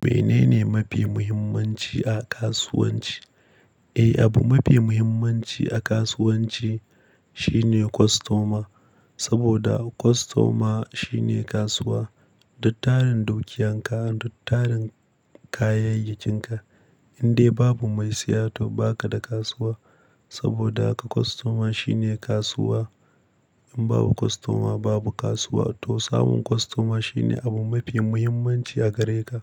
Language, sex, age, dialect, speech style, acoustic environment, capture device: Hausa, male, 25-34, Nigeria - Kano, happy, nature, mobile / Linux / Chrome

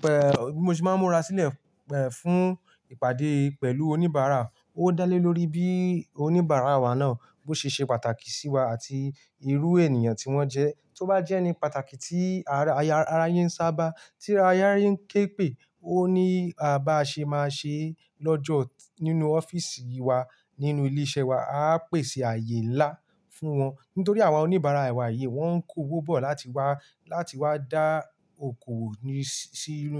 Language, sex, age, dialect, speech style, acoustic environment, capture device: Yoruba, male, 18-24, Nigeria - Ibadan, happy, nature, mobile / Linux / Chrome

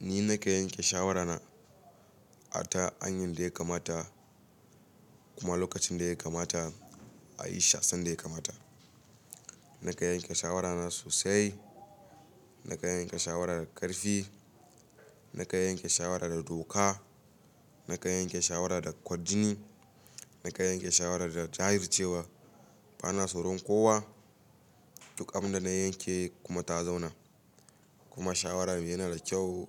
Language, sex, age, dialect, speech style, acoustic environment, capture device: Hausa, male, 18-24, Nigeria - Kano, happy, silence, mobile / Linux / Chrome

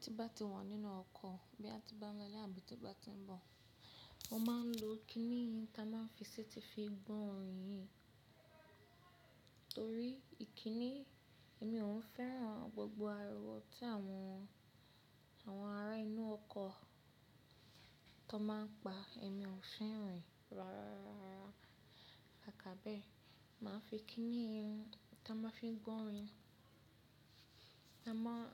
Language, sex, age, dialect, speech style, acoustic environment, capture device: Yoruba, female, 25-34, Nigeria - Lagos Yoruba, happy; excited, people talking; silence, mobile / Linux / Chrome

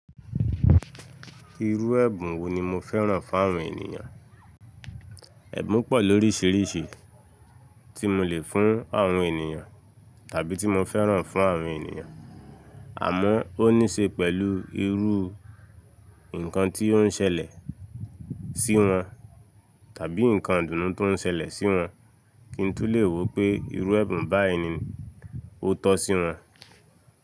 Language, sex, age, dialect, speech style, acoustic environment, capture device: Yoruba, male, 25-34, Nigeria - Lagos Yoruba, relaxed, people talking; silence, mobile / Linux / Chrome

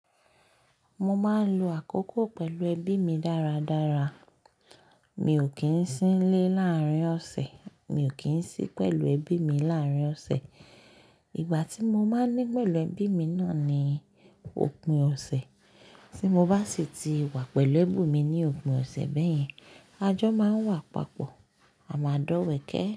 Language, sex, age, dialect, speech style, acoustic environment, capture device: Yoruba, female, 25-34, Nigeria - Lagos Yoruba, focused; happy, nature, mobile / Linux / Chrome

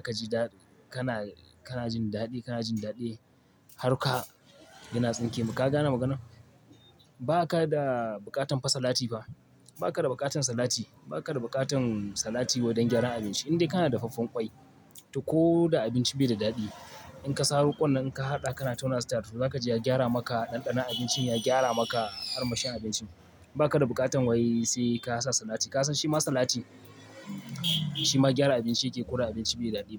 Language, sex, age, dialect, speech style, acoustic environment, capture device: Hausa, male, 25-34, Nigeria - Kano, focused, traffic, mobile / Linux / Chrome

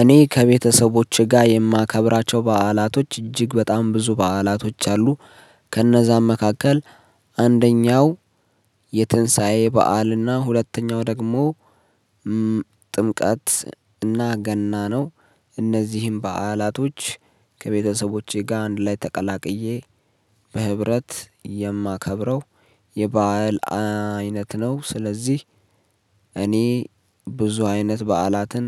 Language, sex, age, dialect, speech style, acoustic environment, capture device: Amharic, male, 25-34, Ethiopia - Addis Ababa, focused, silence, mobile / Linux / Chrome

